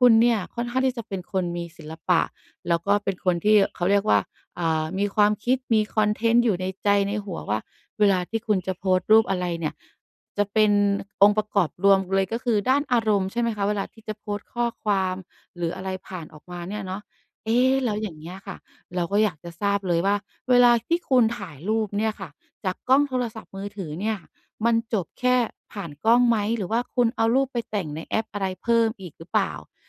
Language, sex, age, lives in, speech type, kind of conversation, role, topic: Thai, female, 35-39, Thailand, conversation, podcast, host, คุณเริ่มฝึกถ่ายภาพด้วยมือถือให้ดูเป็นมืออาชีพได้อย่างไร?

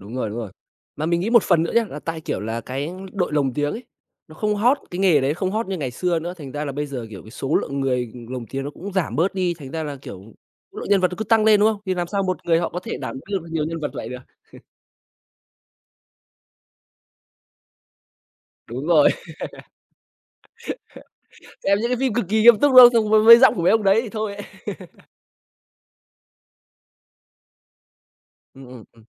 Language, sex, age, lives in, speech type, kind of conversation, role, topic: Vietnamese, male, 25-29, Vietnam, conversation, podcast, host, Bạn nghĩ sao về việc xem phim có phụ đề so với xem phim lồng tiếng?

